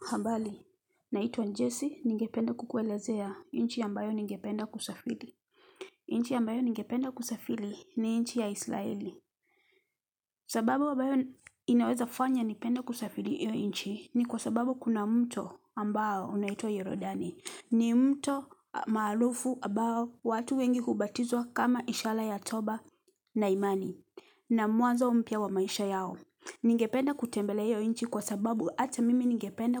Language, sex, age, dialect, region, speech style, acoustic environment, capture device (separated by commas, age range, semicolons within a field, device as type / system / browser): Swahili, female, 35-44, Kenya - Nairobi Sheng-influenced, Kenya, relaxed; happy, silence, mobile / Linux / Chrome